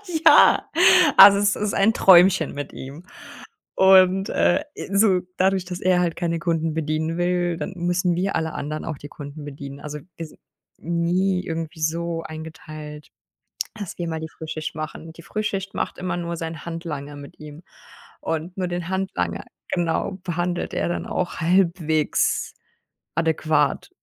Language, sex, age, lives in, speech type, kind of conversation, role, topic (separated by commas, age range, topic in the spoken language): German, female, 30-34, Germany, conversation, advice, user, Wie gebe ich einem schwierigen Kollegen konstruktives Feedback?